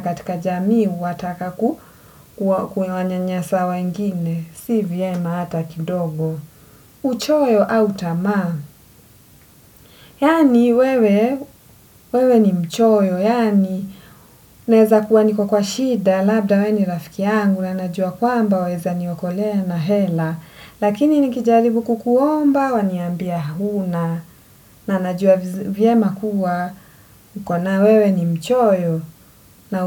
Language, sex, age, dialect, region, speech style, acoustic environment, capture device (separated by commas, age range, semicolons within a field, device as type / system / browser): Swahili, female, 25-34, Kenya - Mombasa, Kenya, relaxed, nature, desktop / Windows / Edge